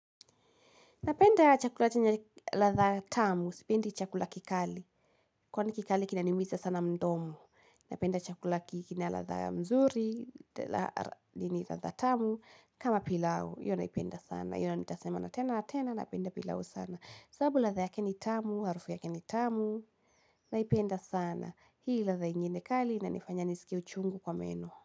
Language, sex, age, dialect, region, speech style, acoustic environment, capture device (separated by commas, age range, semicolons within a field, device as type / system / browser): Swahili, female, 18-24, Kenya - Kiambu, Kenya, happy, music, mobile / Linux / Chrome